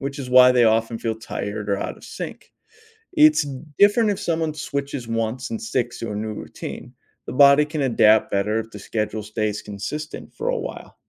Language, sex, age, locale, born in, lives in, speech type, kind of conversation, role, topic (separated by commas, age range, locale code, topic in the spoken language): English, male, 40-44, en-US, United States, United States, read, expert-assistant, expert, What is circadian rhythm and how does it affect sleep?